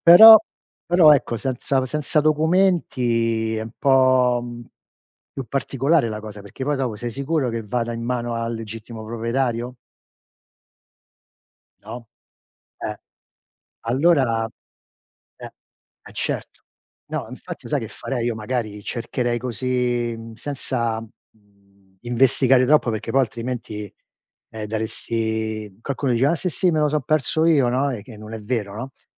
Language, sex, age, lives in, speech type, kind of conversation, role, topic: Italian, male, 60-64, United States, conversation, unstructured, none, Come reagiresti se trovassi un portafoglio per strada?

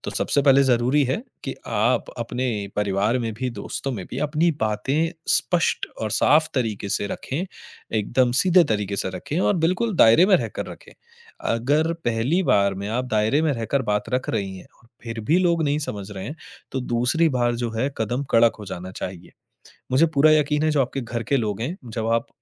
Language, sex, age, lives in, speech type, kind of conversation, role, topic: Hindi, male, 30-34, India, conversation, advice, advisor, दूसरों से 'ना' कहना मुश्किल लगता है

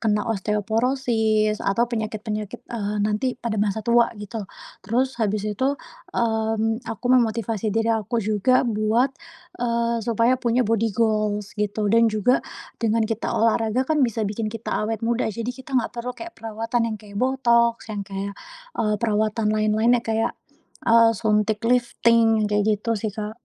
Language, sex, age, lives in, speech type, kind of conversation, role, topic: Indonesian, female, 25-29, Indonesia, conversation, podcast, guest, Bagaimana kamu mengatur waktu antara pekerjaan dan hobi?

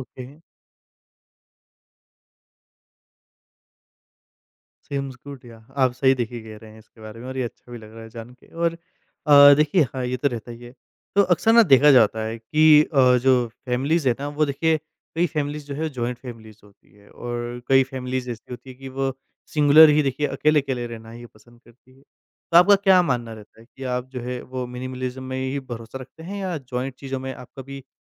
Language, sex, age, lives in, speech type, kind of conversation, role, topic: Hindi, male, 20-24, India, conversation, podcast, host, Minimalism se tumhe kaunsa emotional fayda milta hai?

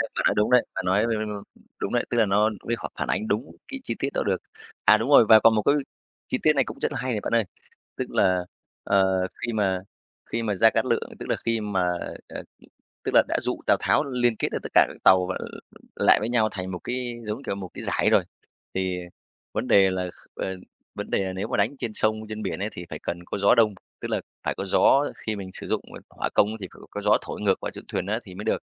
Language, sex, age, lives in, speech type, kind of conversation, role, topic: Vietnamese, male, 35-39, Vietnam, conversation, podcast, guest, Bạn có thể kể về bộ phim đã thay đổi bạn nhiều nhất không?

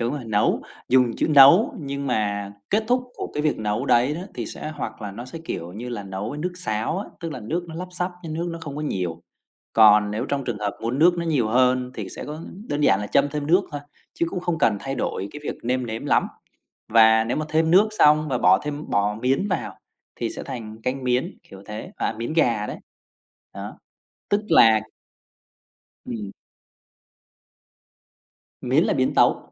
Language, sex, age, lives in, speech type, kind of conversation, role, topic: Vietnamese, male, 30-34, Vietnam, conversation, podcast, guest, Món ăn gia truyền đã ảnh hưởng đến bạn như thế nào?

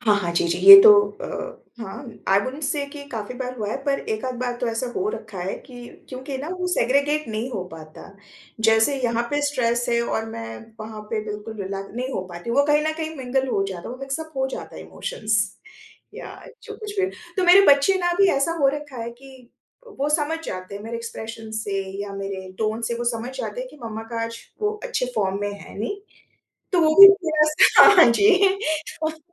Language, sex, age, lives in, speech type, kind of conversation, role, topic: Hindi, female, 45-49, India, conversation, podcast, guest, Ghar par kaam aur personal life ki boundaries set karne ke liye tum kaunse rules banate ho?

